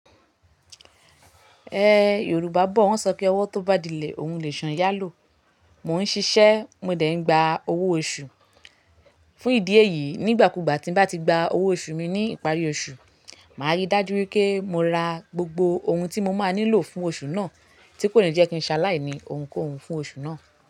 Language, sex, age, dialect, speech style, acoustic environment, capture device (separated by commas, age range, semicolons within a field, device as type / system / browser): Yoruba, female, 25-34, Nigeria - Lagos Yoruba, anxious, silence, mobile / Linux / Chrome